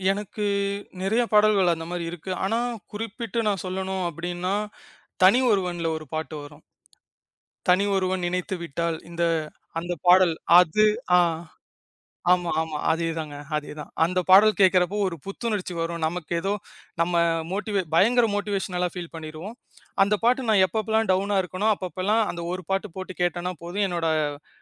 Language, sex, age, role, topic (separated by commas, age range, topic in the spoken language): Tamil, male, 25-29, guest, ஒரே பிளேலிஸ்டில் பல மொழிப் பாடல்கள் கலந்திருந்தால் உங்கள் மனநிலை எப்படி மாறும்?